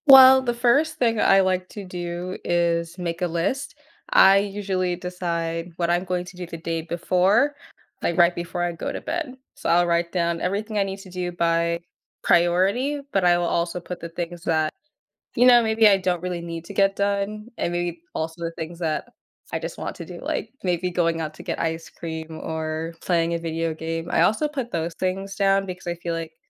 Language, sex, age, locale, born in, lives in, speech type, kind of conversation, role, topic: English, female, 20-24, en-US, United States, United States, conversation, podcast, guest, What habits help you maintain a healthy boundary between work and personal life at home?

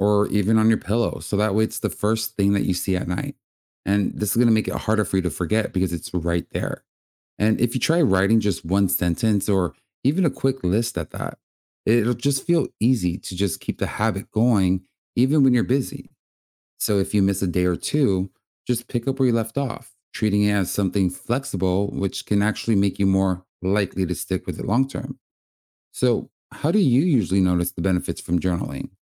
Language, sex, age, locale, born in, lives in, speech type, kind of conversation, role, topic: English, male, 30-34, en-US, United States, United States, read, expert-assistant, expert, What are some ways to build resilience during tough times?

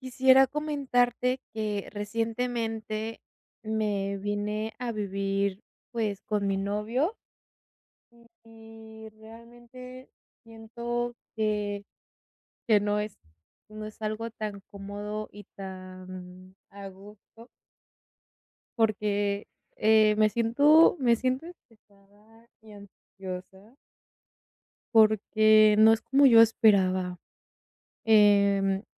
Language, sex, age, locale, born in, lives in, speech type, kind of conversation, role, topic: Spanish, female, 20-24, es-MX, Mexico, Mexico, conversation, advice, user, ¿Cómo puedo sentirme en casa aunque viva lejos?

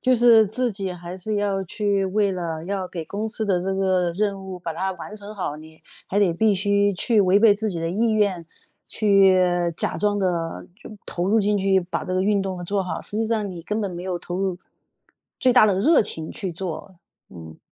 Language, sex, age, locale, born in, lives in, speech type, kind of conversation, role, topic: Chinese, female, 50-54, zh-CN, China, United States, conversation, podcast, host, 你是如何把兴趣变成职业的？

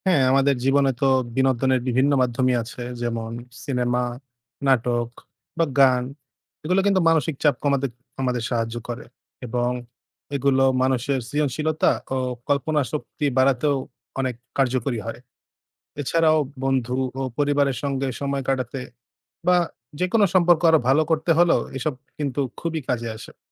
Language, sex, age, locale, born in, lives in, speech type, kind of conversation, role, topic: Bengali, male, 20-24, bn-BD, Bangladesh, Bangladesh, read, expert-assistant, expert, বিনোদনের বিভিন্ন মাধ্যমের ইতিবাচক প্রভাব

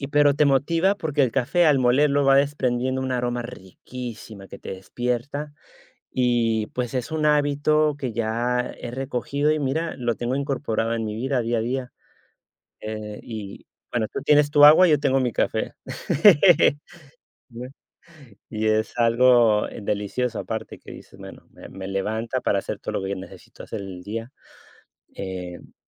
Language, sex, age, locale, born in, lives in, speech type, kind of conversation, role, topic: Spanish, male, 35-39, es-US, United States, Spain, conversation, unstructured, none, ¿Qué hábito diario crees que mejora tu vida?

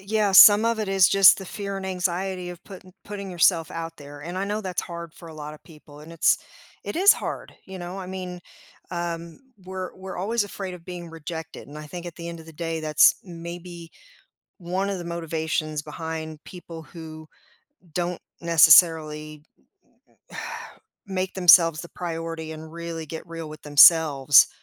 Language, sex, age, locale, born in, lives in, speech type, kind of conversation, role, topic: English, female, 50-54, en-US, United States, United States, conversation, podcast, host, How does practicing honesty shape your relationships and daily choices?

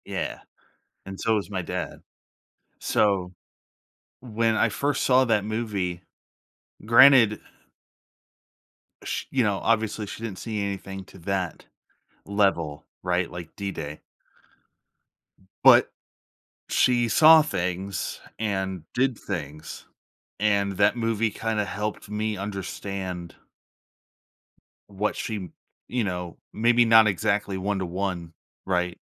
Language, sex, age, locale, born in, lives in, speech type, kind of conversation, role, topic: English, male, 30-34, en-US, United States, United States, conversation, podcast, guest, What makes a movie memorable to you?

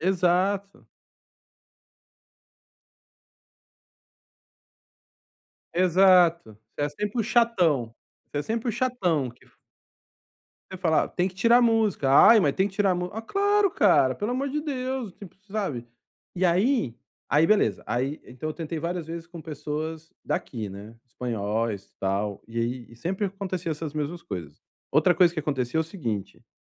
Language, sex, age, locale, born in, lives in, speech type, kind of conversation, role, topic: Portuguese, male, 45-49, pt-BR, Brazil, Spain, conversation, advice, user, Como posso começar um novo hobby quando estou sem motivação?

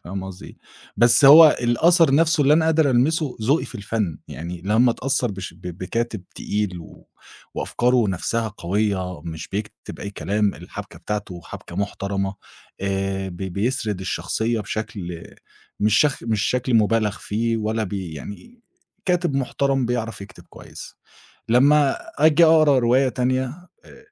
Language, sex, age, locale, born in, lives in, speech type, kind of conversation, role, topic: Arabic, male, 25-29, ar-EG, Egypt, Egypt, conversation, podcast, guest, مين الفنان أو العمل اللي ألهمك أكتر، وإزاي؟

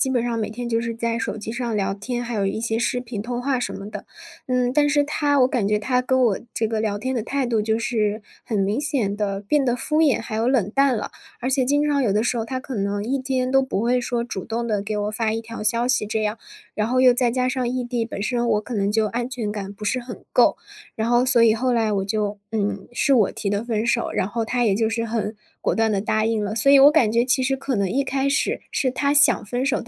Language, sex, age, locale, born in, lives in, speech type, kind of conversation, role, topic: Chinese, female, 20-24, zh-CN, China, Germany, conversation, advice, user, 我还爱着前任，但对方不愿回头，我该怎么办？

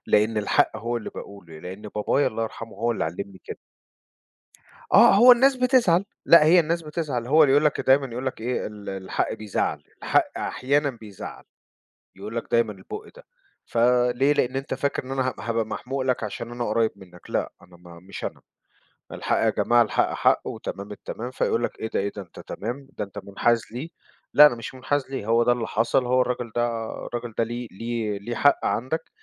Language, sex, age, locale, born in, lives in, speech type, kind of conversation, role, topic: Arabic, male, 40-44, ar-EG, Egypt, United States, conversation, podcast, guest, إزاي بتوازن بين حقك وبين علاقتك لما يحصل خلاف؟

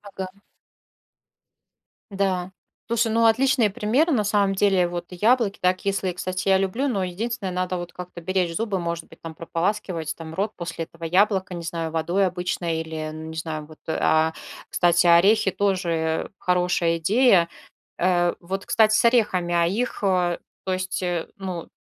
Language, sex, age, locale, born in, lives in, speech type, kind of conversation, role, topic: Russian, female, 40-44, ru-RU, Russia, Spain, conversation, advice, user, Почему я чувствую вину после еды, даже когда не был(а) голоден(на)?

